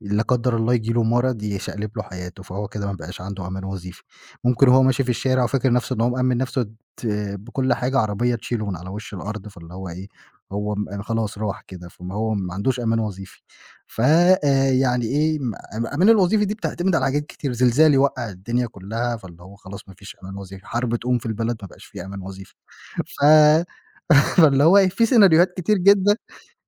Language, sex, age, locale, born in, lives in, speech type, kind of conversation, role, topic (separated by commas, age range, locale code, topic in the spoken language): Arabic, male, 25-29, ar-EG, Egypt, Egypt, conversation, podcast, guest, إزاي بتخلي وقت فراغك يبقى فعلاً محسوب ومفيد؟